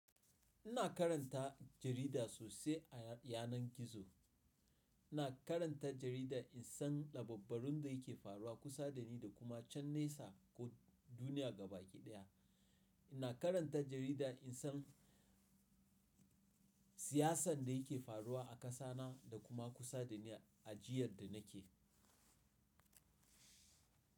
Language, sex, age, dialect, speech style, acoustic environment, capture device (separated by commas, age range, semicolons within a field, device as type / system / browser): Hausa, male, 35-44, Nigeria - Nigerian Standard, happy, silence, mobile / Linux / Chrome